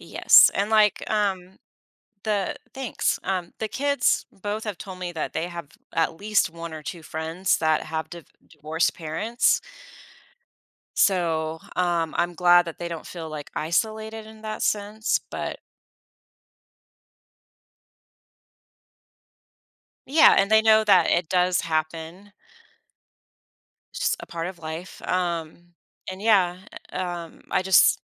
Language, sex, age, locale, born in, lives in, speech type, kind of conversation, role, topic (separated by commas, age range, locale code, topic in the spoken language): English, female, 40-44, en-US, United States, United States, conversation, advice, user, How can I support a loved one going through a hard time?